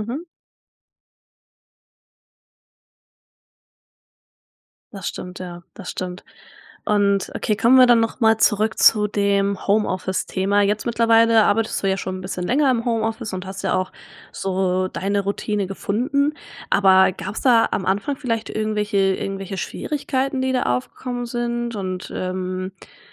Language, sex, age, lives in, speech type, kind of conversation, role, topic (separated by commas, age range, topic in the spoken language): German, female, 20-24, Germany, conversation, podcast, host, Wie setzt du Prioritäten, wenn alles wichtig erscheint?